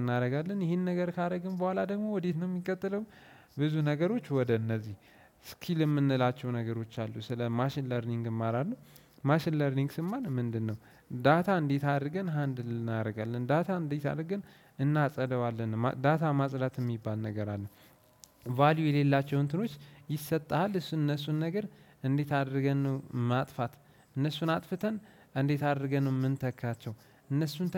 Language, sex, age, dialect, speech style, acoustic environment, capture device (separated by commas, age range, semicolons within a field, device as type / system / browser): Amharic, male, 18-24, Ethiopia - Addis Ababa, relaxed; focused, appliances, desktop / Windows / Chrome